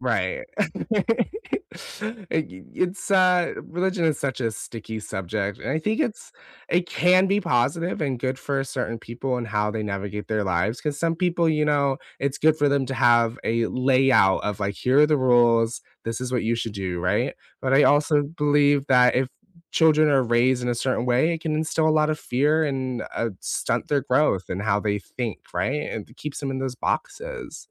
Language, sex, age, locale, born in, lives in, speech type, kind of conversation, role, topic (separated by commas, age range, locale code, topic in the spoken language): English, female, 20-24, en-US, United States, United States, conversation, unstructured, none, How have your beliefs, doubts, and search for meaning shaped who you are?